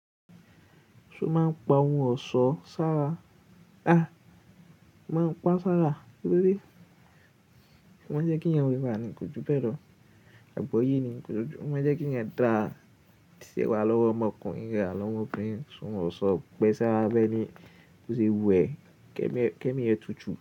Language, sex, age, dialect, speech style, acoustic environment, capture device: Yoruba, male, 18-24, Nigeria - Ibadan, relaxed; focused, silence, mobile / Linux / Chrome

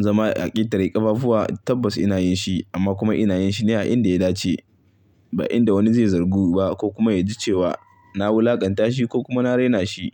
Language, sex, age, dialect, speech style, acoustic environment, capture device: Hausa, male, 25-34, Nigeria - Kano, happy, silence, mobile / Linux / Chrome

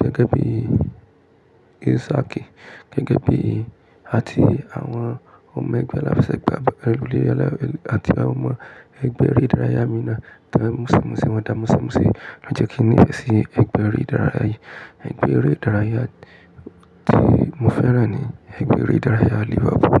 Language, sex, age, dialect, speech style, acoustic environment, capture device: Yoruba, male, 35-44, Nigeria - Ibadan, relaxed, silence, mobile / Linux / Chrome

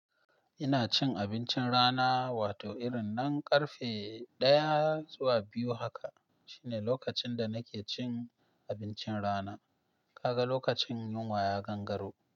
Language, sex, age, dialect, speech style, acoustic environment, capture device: Hausa, male, 25-34, Nigeria - Nigerian Standard, happy, silence, mobile / Linux / Chrome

